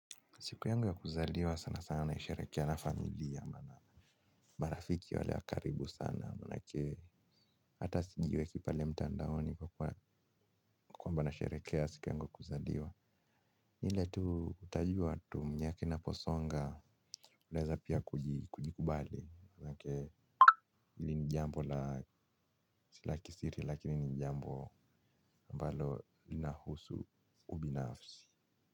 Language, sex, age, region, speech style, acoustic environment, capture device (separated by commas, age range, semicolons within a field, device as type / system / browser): Swahili, male, 25-34, Kenya, relaxed, silence, mobile / Linux / Chrome